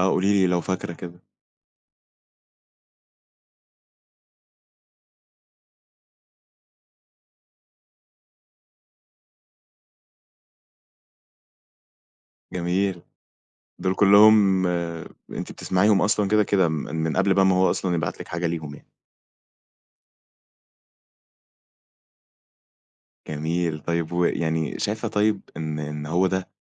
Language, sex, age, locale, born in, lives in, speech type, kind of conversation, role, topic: Arabic, male, 20-24, ar-EG, Egypt, Egypt, conversation, podcast, host, إيه هي الأغنية اللي بتواسيك لما بتكون زعلان؟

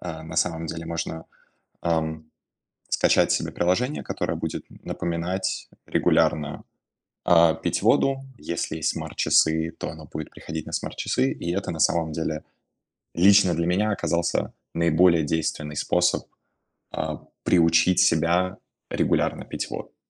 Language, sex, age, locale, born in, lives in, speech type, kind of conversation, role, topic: Russian, male, 20-24, ru-RU, Russia, Germany, conversation, advice, advisor, Почему я не могу регулярно пить воду и постоянно забываю считать выпитые стаканы?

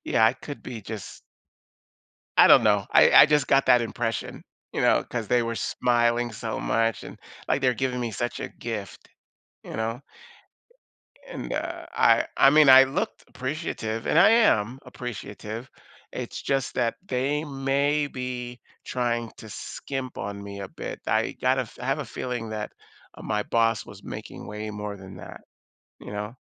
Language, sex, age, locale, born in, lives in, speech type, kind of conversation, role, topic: English, male, 55-59, en-US, United States, United States, conversation, advice, user, How do I adjust to my new role and responsibilities after an unexpected promotion?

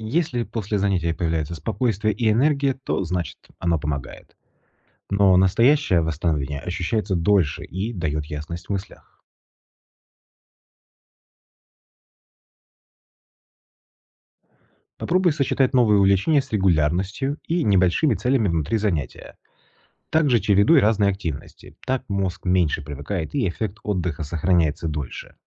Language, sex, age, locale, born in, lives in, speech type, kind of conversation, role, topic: Russian, male, 35-39, ru-RU, Russia, Italy, read, expert-assistant, expert, Почему мы часто тратим деньги импульсивно, даже когда знаем, что это неразумно?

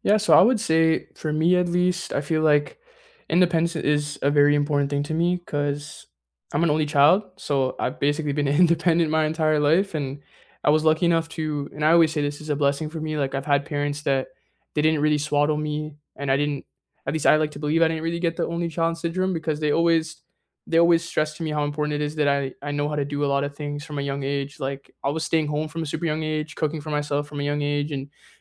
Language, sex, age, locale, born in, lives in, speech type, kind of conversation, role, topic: English, male, 20-24, en-CA, Canada, Canada, conversation, unstructured, none, How do you balance independence and togetherness in relationships?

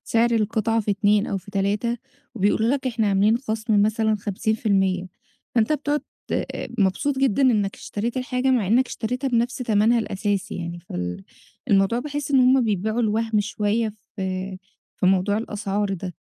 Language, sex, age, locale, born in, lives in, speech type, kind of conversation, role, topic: Arabic, female, 30-34, ar-EG, Egypt, Egypt, conversation, advice, user, إزاي ألاقي لبس يناسب ذوقي ويبقى مريح وسعره مناسب؟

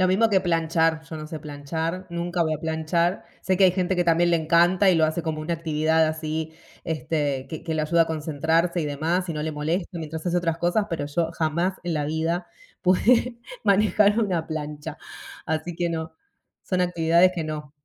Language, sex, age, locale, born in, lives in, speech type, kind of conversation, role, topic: Spanish, female, 35-39, es-AR, Argentina, United States, conversation, unstructured, none, ¿Alguna vez has sentido que te juzgan por pedir ayuda emocional?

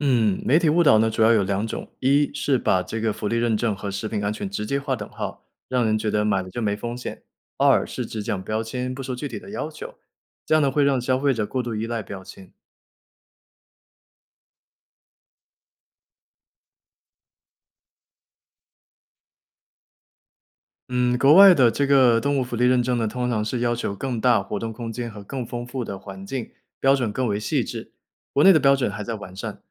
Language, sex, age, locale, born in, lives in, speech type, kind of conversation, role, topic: Chinese, male, 25-29, zh-CN, China, United States, read, expert-assistant, expert, 畜牧管理中常提到的“动物福利”具体指哪些方面？为什么近年来备受关注？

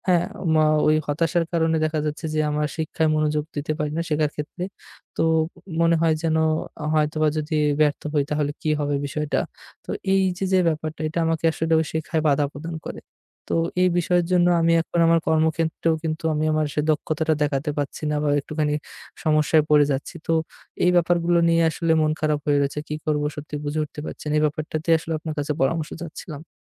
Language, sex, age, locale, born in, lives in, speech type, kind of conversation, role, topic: Bengali, male, 25-29, bn-BD, Bangladesh, Bangladesh, conversation, advice, user, নতুন দক্ষতা শেখার চেষ্টা করলে ব্যর্থতার ভয় কাটাব কীভাবে?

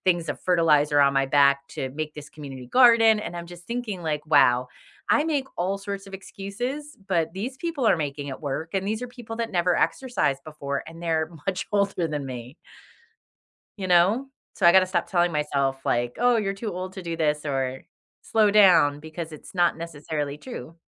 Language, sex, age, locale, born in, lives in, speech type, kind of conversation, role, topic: English, female, 45-49, en-US, United States, United States, conversation, unstructured, none, How does the idea of slowing down physically make you feel?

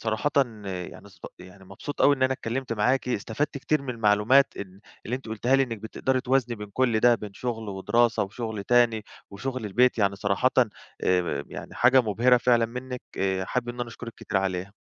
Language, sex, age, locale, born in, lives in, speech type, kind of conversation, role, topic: Arabic, male, 25-29, ar-EG, Egypt, Greece, conversation, podcast, host, إزاي بتقسم المساحة بين الشغل والراحة؟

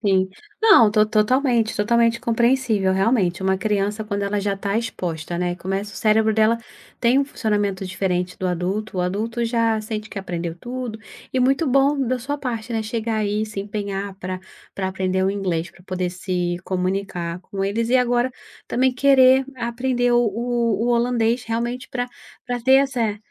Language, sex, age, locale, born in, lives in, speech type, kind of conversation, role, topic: Portuguese, female, 35-39, pt-BR, Brazil, Portugal, conversation, podcast, host, Qual é a importância da língua na sua identidade cultural?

